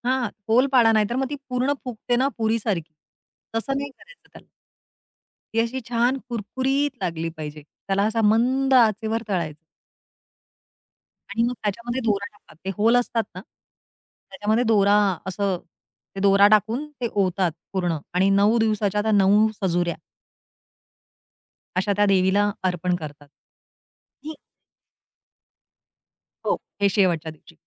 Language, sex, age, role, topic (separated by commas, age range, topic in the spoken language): Marathi, female, 40-44, guest, तुमच्या कुटुंबाची खास खाद्यपद्धती काय आहे आणि ती महत्त्वाची का आहे?